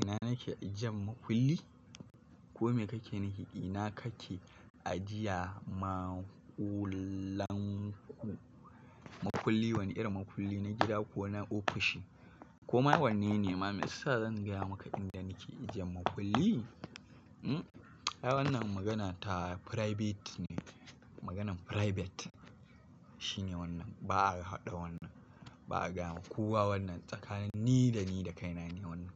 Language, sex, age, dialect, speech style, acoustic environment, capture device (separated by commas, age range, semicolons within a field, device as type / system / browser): Hausa, male, 25-34, Nigeria - Kano, happy; nervous; excited; tired, nature; construction; appliances; people talking; traffic, mobile / Linux / Chrome